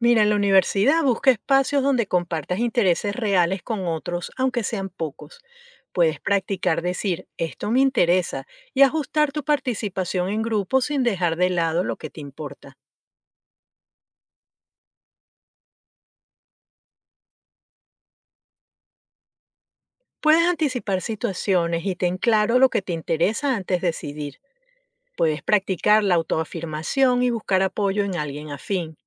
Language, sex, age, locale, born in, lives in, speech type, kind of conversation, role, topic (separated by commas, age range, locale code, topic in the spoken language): Spanish, female, 55-59, es-VE, Venezuela, United States, read, expert-assistant, expert, ¿Hasta qué punto nuestras decisiones de compra reflejan quiénes somos como personas?